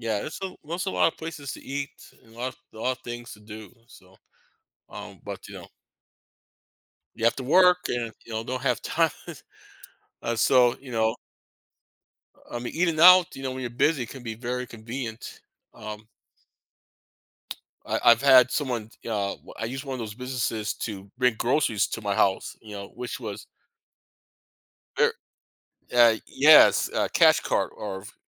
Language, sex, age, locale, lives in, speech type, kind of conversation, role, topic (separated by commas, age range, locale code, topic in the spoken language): English, male, 50-54, en-US, United States, conversation, unstructured, none, What factors influence your choice between making meals at home or going out to eat?